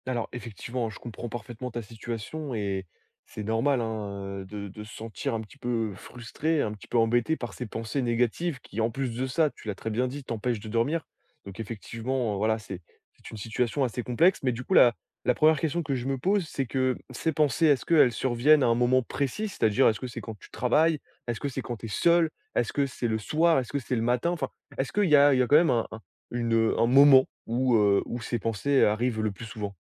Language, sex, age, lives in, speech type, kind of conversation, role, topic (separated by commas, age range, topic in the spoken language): French, male, 20-24, France, conversation, advice, advisor, Comment arrêter de s’inquiéter constamment pour l’avenir ?